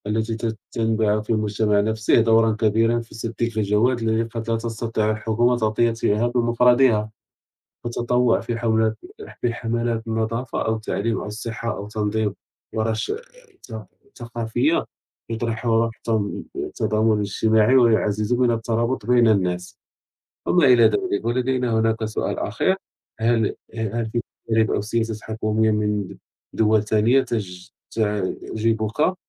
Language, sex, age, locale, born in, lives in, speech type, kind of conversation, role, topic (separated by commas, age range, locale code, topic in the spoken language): Arabic, male, 30-34, ar-MA, Morocco, France, conversation, unstructured, none, إيه رأيك في دور الحكومة في تحسين حياة الناس؟